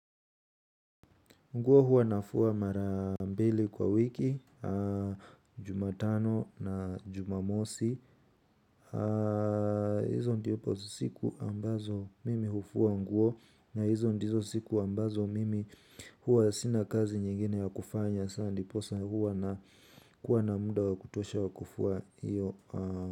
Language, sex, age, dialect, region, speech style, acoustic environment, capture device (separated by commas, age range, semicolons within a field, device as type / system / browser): Swahili, male, 18-24, Kenya - Nairobi Sheng-influenced, Kenya, focused, nature, mobile / Linux / Chrome